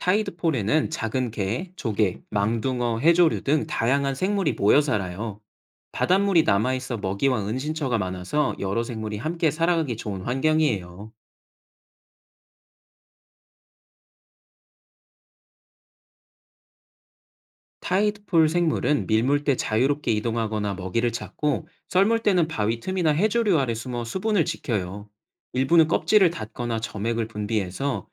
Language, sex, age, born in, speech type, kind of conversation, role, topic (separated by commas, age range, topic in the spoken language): Korean, male, 30-34, South Korea, read, expert-assistant, expert, 해양 생물이나 바닷속 생태계 이야기를 흥미롭게 들을 수 있는 방법이 있을까요?